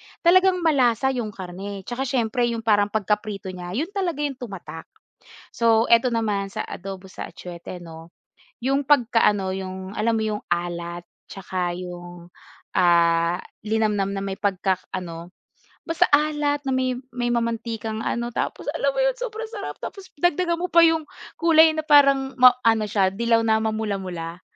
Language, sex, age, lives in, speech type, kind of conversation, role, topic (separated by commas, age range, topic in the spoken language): Filipino, female, 25-29, Philippines, conversation, podcast, guest, Ano ang paborito mong pagkaing pampaginhawa, at bakit iyon ang pinipili mo?